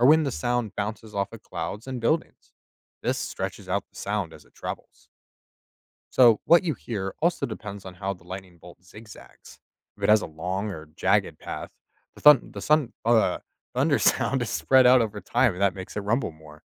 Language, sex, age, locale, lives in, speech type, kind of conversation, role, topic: English, male, 25-29, en-US, United States, read, expert-assistant, expert, Why does lightning appear before thunder is heard?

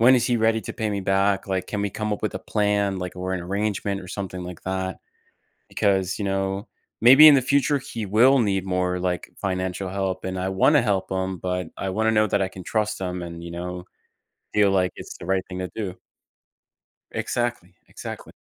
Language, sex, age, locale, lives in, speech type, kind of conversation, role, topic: English, male, 30-34, en-US, United States, conversation, advice, user, How should I address a disagreement with a friend?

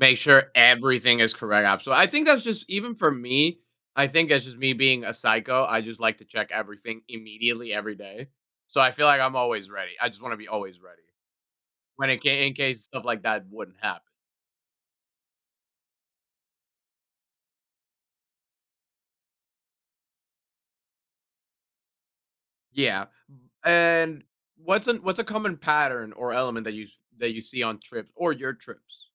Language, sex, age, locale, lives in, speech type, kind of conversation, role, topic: English, male, 20-24, en-US, United States, conversation, podcast, host, What kinds of travel experiences leave a lasting impact on you?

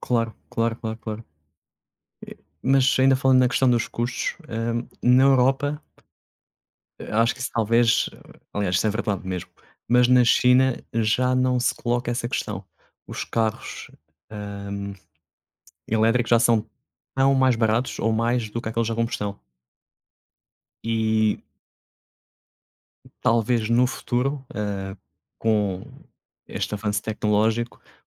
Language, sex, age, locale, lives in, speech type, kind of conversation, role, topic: Portuguese, male, 30-34, pt-PT, Portugal, conversation, unstructured, none, Como a tecnologia pode ajudar a proteger o meio ambiente?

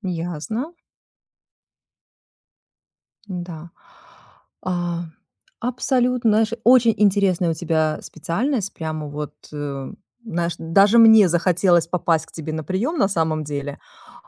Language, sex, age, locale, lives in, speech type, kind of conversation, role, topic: Russian, female, 40-44, ru-RU, United States, conversation, advice, advisor, Как понять и принять свои сильные стороны, если я часто сомневаюсь в своих способностях?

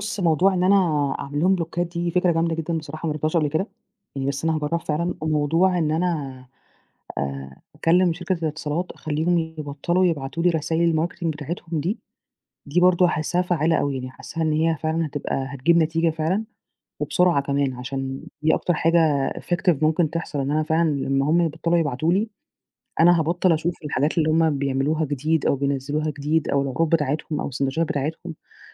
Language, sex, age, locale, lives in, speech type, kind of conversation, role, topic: Arabic, female, 30-34, ar-EG, Egypt, conversation, advice, user, إزاي بتقاوم إغراء الوجبات السريعة والأكل الجاهز؟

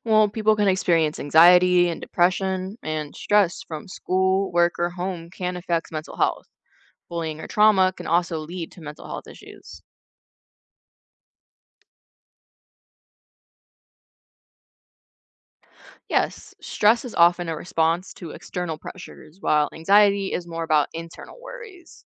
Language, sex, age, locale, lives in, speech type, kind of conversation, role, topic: English, female, 20-24, en-US, United States, read, expert-assistant, expert, Why is mental health awareness important?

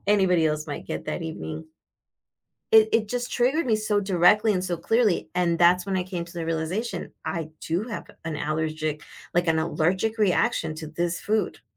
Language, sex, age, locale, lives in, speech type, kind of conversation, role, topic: English, female, 50-54, en-US, United States, conversation, advice, user, How can I build resilience after a recent setback?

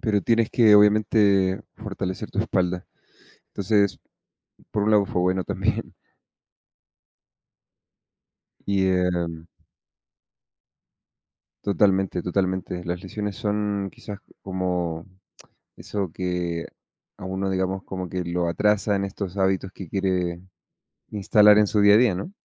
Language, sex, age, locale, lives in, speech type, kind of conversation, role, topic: Spanish, male, 30-34, es-CL, Mexico, conversation, unstructured, none, ¿Qué hábito diario te hace sentir más feliz?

